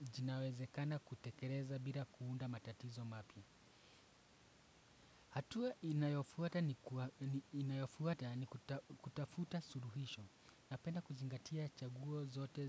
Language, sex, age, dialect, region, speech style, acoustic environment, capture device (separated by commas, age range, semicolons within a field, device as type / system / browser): Swahili, male, 25-34, Kenya - Nairobi Sheng-influenced, Kenya, excited, traffic, desktop / Windows / Chrome